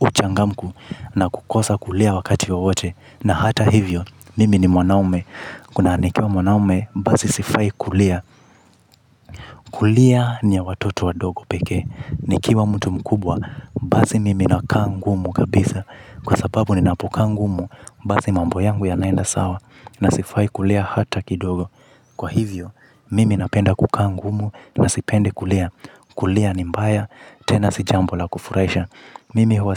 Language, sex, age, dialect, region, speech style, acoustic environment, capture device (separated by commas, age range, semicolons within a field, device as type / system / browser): Swahili, male, 25-34, Kenya - Mombasa, Kenya, happy, nature, mobile / Linux / Chrome